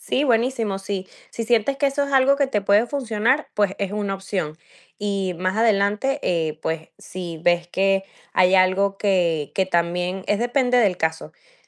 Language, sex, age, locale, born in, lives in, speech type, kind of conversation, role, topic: Spanish, female, 20-24, es-VE, Venezuela, United States, conversation, advice, advisor, ¿Cómo describirías el comentario dañino que recibiste en redes sociales?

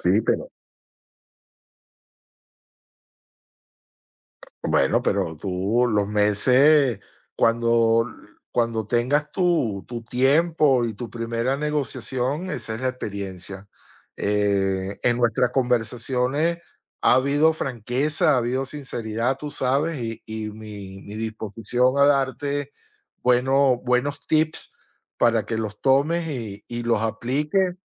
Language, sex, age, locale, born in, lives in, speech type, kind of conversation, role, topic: Spanish, male, 20-24, es-VE, United States, United States, conversation, unstructured, none, ¿Qué consejos darías para negociar con un vendedor?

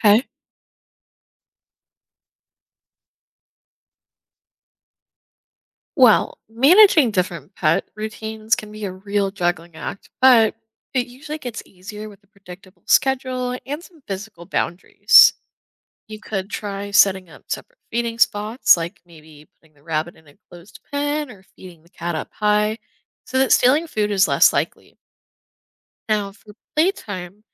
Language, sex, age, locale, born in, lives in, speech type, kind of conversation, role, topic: English, female, 20-24, en-US, United States, United States, read, expert-assistant, expert, How can routines be coordinated for pets with different needs?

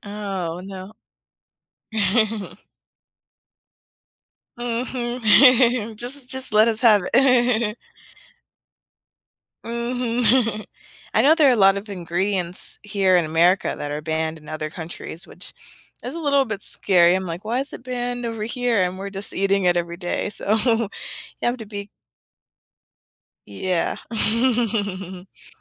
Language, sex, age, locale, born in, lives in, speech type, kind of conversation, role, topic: English, female, 30-34, en-US, United States, United States, conversation, podcast, guest, What are some practical ways to build healthier eating habits without making drastic changes?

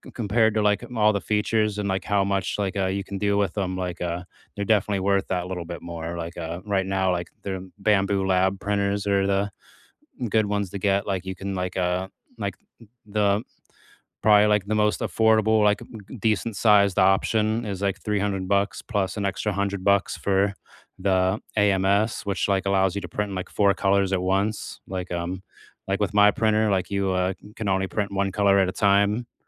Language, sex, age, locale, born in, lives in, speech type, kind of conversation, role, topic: English, male, 30-34, en-US, United States, United States, conversation, unstructured, none, What new hobby have you started recently, and how is it going so far?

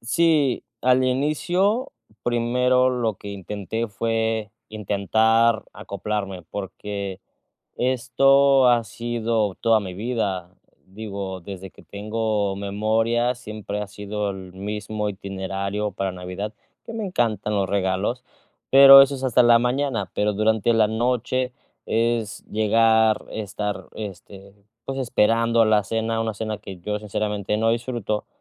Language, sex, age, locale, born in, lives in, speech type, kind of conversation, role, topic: Spanish, male, 30-34, es-MX, Mexico, Mexico, conversation, advice, user, ¿Cómo puedo conciliar las tradiciones familiares con mis decisiones personales?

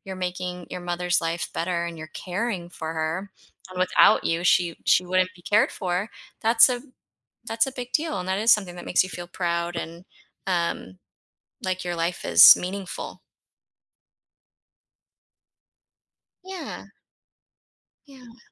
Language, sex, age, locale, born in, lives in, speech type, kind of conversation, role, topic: English, female, 40-44, en-US, United States, United States, conversation, unstructured, none, How can I share one thing I'm proud of about myself?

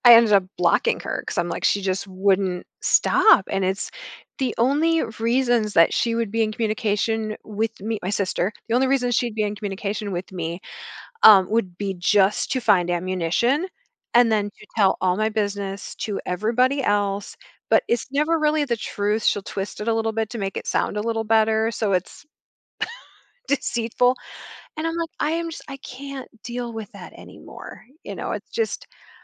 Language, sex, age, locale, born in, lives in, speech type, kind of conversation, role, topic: English, female, 45-49, en-US, United States, United States, conversation, advice, user, How can I communicate more clearly and listen better in my relationships?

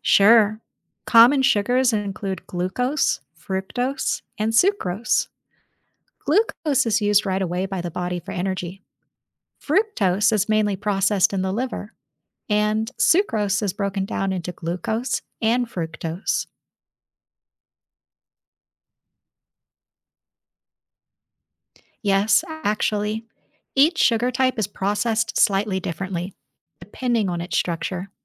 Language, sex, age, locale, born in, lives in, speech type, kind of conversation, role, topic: English, female, 30-34, en-US, United States, United States, read, expert-assistant, expert, How does the body process sugar?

